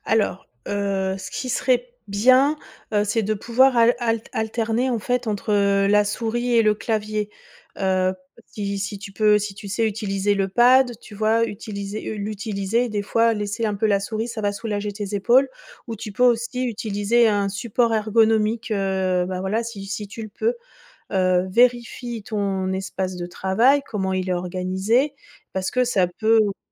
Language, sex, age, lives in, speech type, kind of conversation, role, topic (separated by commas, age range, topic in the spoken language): French, female, 45-49, France, read, expert-assistant, expert, Quels nouveaux gestes du quotidien pourraient vraiment faire la différence pour prévenir les soucis de santé ?